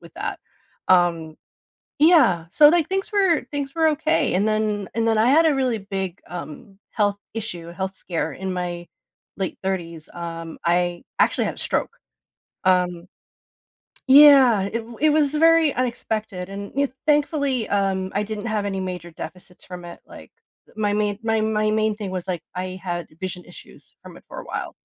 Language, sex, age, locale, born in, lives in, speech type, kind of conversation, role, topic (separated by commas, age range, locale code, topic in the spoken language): English, female, 40-44, en-US, United States, United States, conversation, advice, user, How can I cope with guilt after a loved one's death?